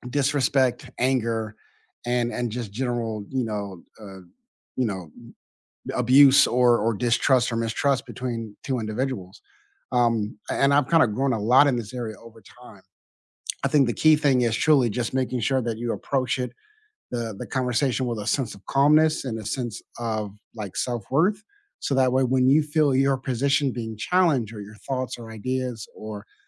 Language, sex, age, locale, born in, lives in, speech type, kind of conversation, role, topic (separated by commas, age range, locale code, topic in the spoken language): English, male, 35-39, en-US, United States, United States, conversation, unstructured, none, How do you maintain respect and empathy during heated disagreements to stay connected?